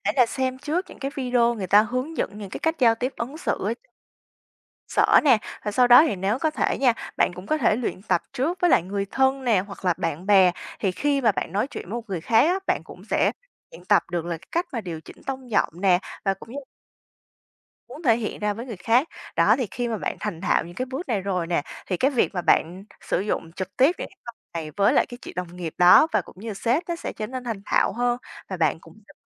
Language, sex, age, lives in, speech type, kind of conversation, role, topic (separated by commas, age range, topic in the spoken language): Vietnamese, female, 25-29, Vietnam, conversation, advice, advisor, Làm sao để từ chối khéo khi bị nhờ làm những việc vượt quá khả năng của mình?